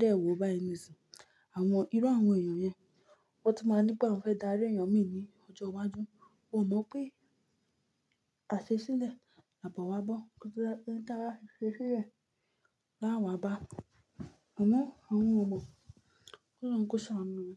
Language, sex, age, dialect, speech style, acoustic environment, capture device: Yoruba, female, 25-34, Nigeria - Ibadan, happy, silence, mobile / Linux / Chrome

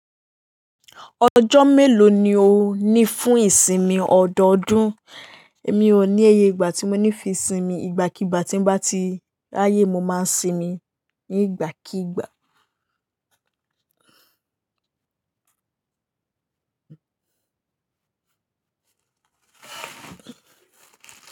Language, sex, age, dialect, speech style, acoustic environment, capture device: Yoruba, female, 18-24, Nigeria - Lagos Yoruba, happy, people talking, mobile / Linux / Chrome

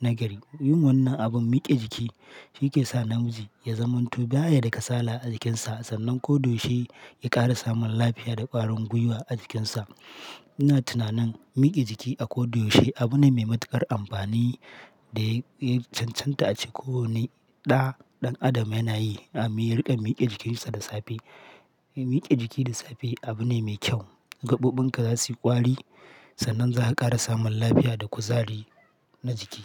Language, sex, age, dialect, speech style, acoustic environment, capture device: Hausa, male, 25-34, Nigeria - Katsina, happy; relaxed, people talking; silence, mobile / Linux / Chrome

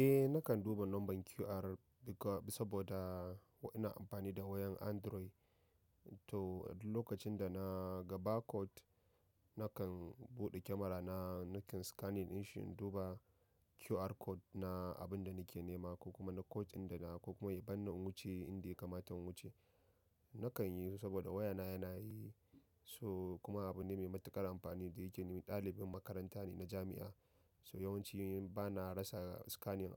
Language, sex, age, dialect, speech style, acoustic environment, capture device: Hausa, male, 25-34, Nigeria - Nigerian Standard, happy; nervous; focused, people talking, mobile / macOS / Safari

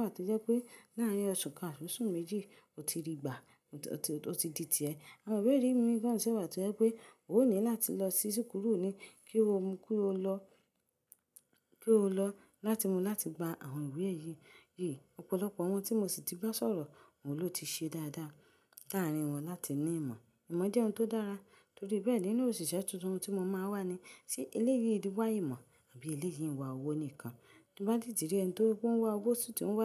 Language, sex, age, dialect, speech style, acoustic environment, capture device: Yoruba, female, 25-34, Nigeria - Ibadan, happy; relaxed; excited; focused, silence, mobile / Linux / Chrome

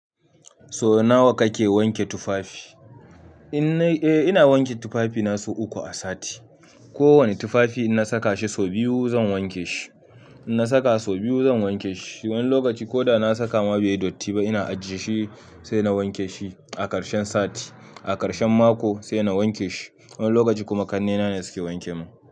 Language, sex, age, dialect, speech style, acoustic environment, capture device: Hausa, male, 25-34, Nigeria - Nigerian Standard, happy, silence, mobile / Linux / Chrome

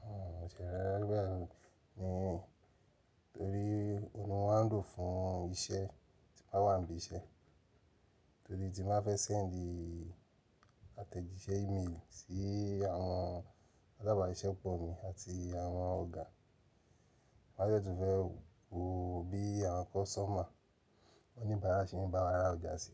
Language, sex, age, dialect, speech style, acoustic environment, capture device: Yoruba, male, 35-44, Nigeria - Nigerian Standard, focused, silence, mobile / Linux / Chrome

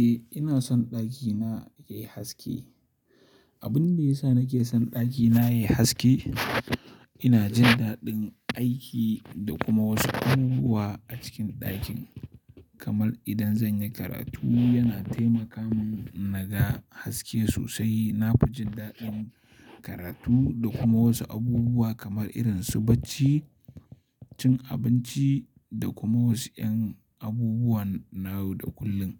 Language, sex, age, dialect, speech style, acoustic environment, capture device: Hausa, male, 18-24, Nigeria - Kano, focused, silence, mobile / Linux / Chrome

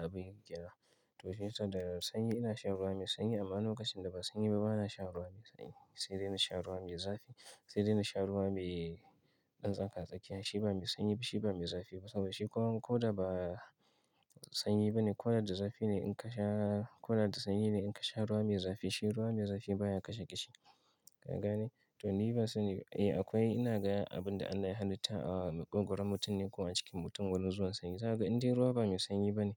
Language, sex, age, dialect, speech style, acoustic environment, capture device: Hausa, male, 25-34, Nigeria - Kano, focused; relaxed, silence, mobile / Linux / Chrome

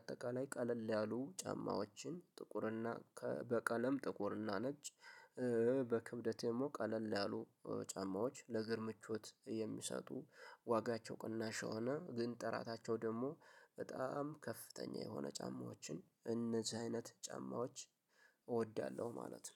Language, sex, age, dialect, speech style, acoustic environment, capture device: Amharic, male, 18-24, Ethiopia - Addis Ababa, focused; happy, nature; silence, mobile / Linux / Chrome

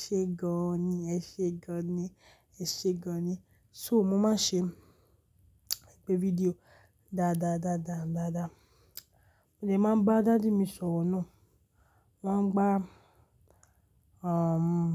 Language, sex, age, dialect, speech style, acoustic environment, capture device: Yoruba, female, 35-44, Nigeria - Lagos Yoruba, excited; relaxed, nature, mobile / Linux / Chrome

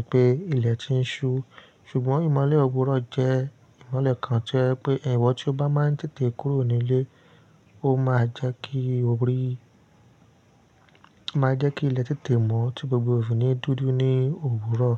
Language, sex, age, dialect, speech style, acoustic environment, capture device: Yoruba, male, 25-34, Nigeria - Ibadan, tired; stressed, nature; silence, mobile / Linux / Chrome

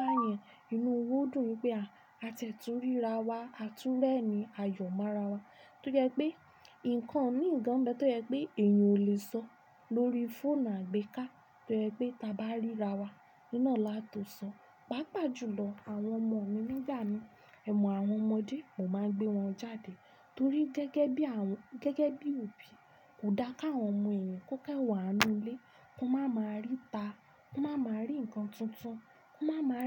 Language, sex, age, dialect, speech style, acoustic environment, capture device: Yoruba, female, 25-34, Nigeria - Ibadan, happy, silence, desktop / Linux / Chrome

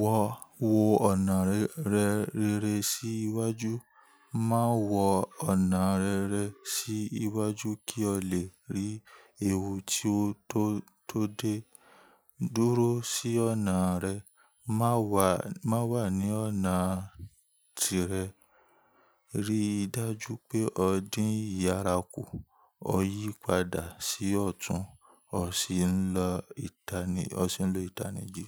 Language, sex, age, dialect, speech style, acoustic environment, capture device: Yoruba, male, 25-34, Nigeria - Ibadan, happy; relaxed, nature, mobile / Linux / Chrome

